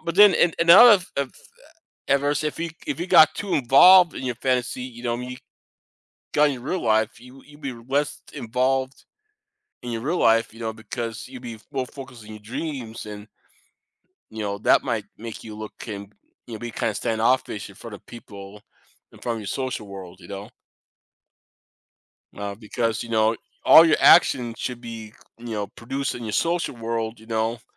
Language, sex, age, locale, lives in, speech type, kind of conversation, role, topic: English, male, 50-54, en-US, United States, conversation, unstructured, none, Would you rather remember every dream you’ve ever had, or be able to control what you dream about each night?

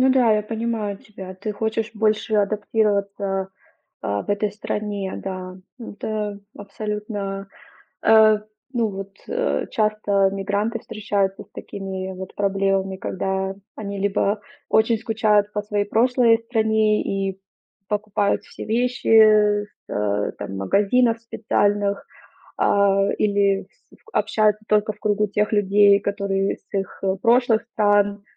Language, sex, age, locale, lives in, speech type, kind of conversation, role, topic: Russian, female, 30-34, ru-RU, Germany, conversation, advice, advisor, По чему именно вы больше всего скучаете, когда вы вдали от дома и привычной жизни?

